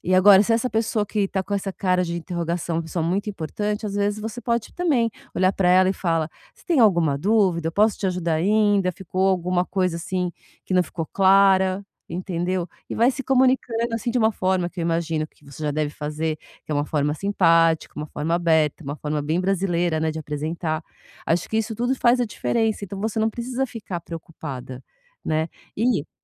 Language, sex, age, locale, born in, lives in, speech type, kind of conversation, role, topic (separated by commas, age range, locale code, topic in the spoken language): Portuguese, female, 50-54, pt-BR, Brazil, France, conversation, advice, advisor, Como posso falar com mais confiança quando estou em grupo?